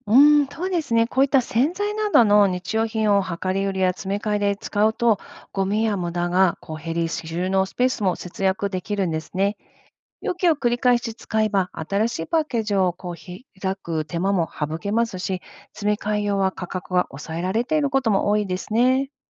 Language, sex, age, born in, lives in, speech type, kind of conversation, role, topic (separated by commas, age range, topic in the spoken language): Japanese, female, 50-54, Japan, United States, read, expert-assistant, expert, ネットやSNSで環境に関する情報を読むとき、信頼できる内容かどうかはどう判断していますか？